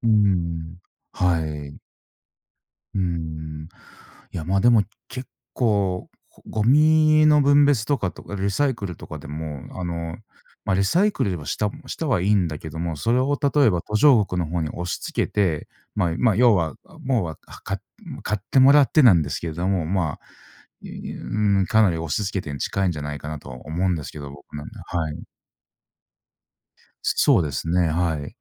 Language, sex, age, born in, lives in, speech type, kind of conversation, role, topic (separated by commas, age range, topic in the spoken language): Japanese, male, 40-44, Japan, Japan, conversation, unstructured, none, 自然破壊について、あなたはどう思いますか？